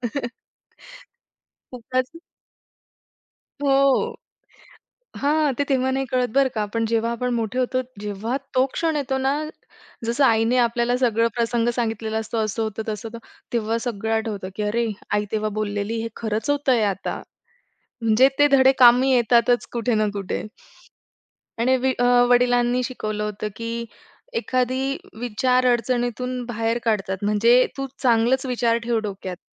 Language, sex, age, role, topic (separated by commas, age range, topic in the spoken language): Marathi, female, 25-29, guest, आई-वडिलांनी तुला काय महत्त्वाचं शिकवलं?